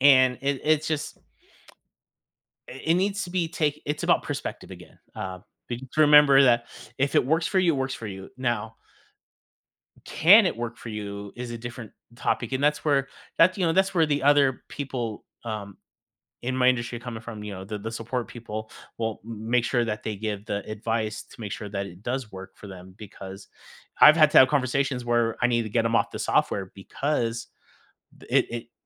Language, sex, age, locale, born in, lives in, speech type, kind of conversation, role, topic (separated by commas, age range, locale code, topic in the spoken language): English, male, 35-39, en-US, United States, United States, conversation, podcast, guest, What important lessons do people often learn too late in their careers?